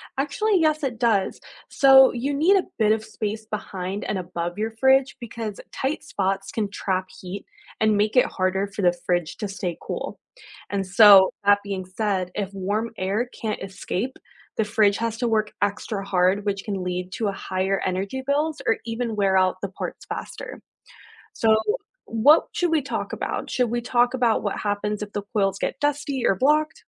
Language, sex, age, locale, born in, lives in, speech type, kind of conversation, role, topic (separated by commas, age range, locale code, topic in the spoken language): English, female, 25-29, en-US, United States, United States, read, expert-assistant, expert, How does a refrigerator keep food cold and preserve food safely?